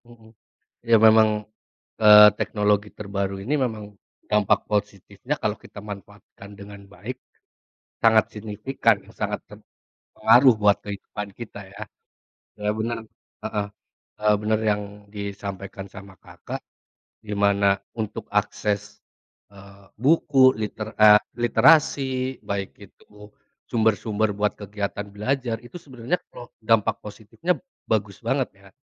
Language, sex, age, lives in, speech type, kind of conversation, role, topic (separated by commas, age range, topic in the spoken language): Indonesian, male, 30-34, Indonesia, conversation, unstructured, none, Apa perubahan positif yang kamu rasakan berkat teknologi terbaru?